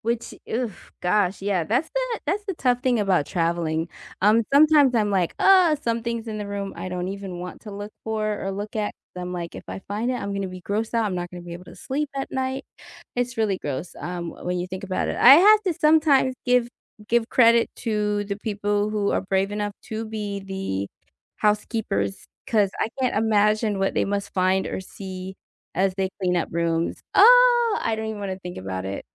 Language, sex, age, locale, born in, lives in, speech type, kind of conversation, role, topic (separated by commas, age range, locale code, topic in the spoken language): English, female, 40-44, en-US, United States, United States, conversation, unstructured, none, Have you ever been grossed out by how clean a hotel was?